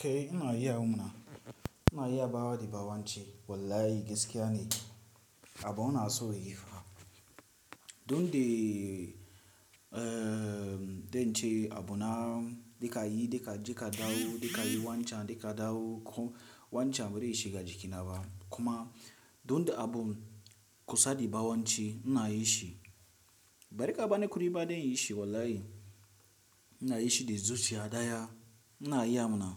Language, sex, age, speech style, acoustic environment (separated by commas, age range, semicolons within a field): Hausa, male, 18-24, relaxed; tired, nature